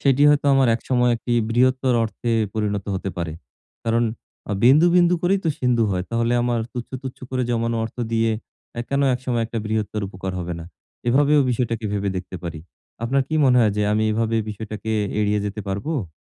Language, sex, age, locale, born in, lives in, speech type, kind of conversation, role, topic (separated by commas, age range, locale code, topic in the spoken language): Bengali, male, 40-44, bn-BD, Bangladesh, Bangladesh, conversation, advice, user, কিভাবে পুরনো ক্ষতিকর অভ্যাসের প্রতি লালসা প্রতিহত করা যায়?